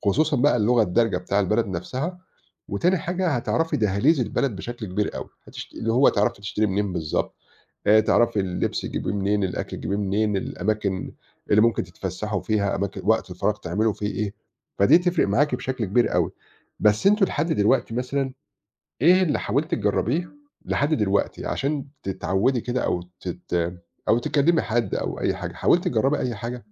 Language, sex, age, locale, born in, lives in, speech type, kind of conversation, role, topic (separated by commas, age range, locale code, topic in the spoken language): Arabic, male, 40-44, ar-EG, Egypt, Portugal, conversation, advice, advisor, إزاي أساعد ولادي أو شريكي يقللوا قلقهم ويتأقلموا مع المكان الجديد؟